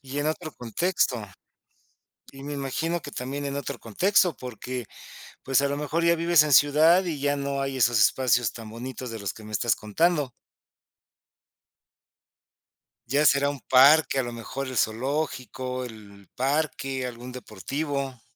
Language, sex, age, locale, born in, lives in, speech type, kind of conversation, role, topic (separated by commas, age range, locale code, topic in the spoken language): Spanish, male, 50-54, es-MX, Mexico, Mexico, conversation, podcast, host, ¿Qué tradiciones te gustaría transmitir a la próxima generación?